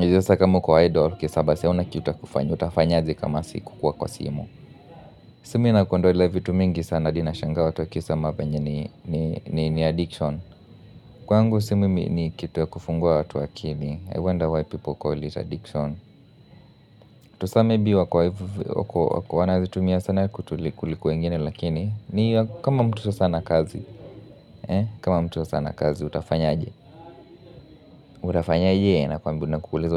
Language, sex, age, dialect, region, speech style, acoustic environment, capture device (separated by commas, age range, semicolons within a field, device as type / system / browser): Swahili, male, 25-34, Kenya - Nairobi Sheng-influenced, Kenya, relaxed, people talking; music, mobile / Linux / Chrome